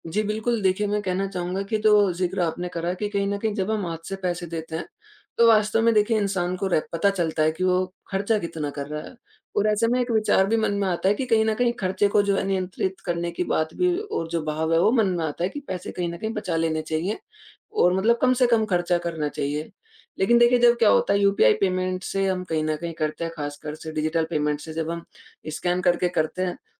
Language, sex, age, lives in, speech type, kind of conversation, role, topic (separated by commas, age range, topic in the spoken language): Hindi, male, 20-24, India, conversation, podcast, guest, डिजिटल भुगतान ने आपके खर्च करने की आदतें कैसे बदली हैं?